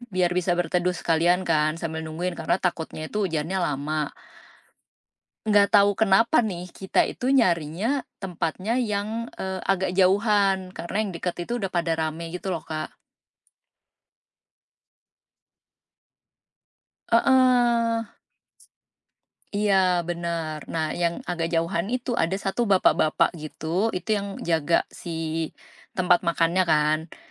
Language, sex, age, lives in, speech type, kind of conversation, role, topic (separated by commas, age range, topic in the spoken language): Indonesian, female, 35-39, Indonesia, conversation, podcast, guest, Apa hal spontan paling seru yang pernah kamu lakukan?